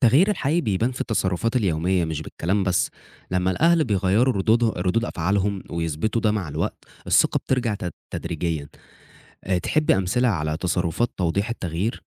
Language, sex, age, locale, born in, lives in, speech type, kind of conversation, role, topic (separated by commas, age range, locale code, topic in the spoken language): Arabic, male, 20-24, ar-EG, Egypt, Egypt, read, expert-assistant, expert, إيه مميزات وعيوب إن الأهل يكونوا صحاب لأولادهم بدل ما يكون في مسافة رسمية بينهم؟